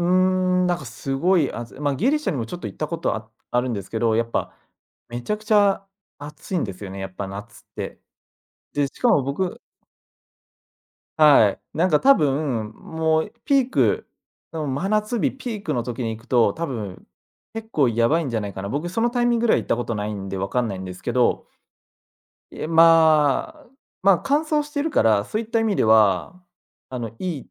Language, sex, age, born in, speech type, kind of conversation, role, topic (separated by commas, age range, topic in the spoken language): Japanese, male, 25-29, Japan, conversation, podcast, guest, 自然の中で一番印象に残っている体験は何ですか？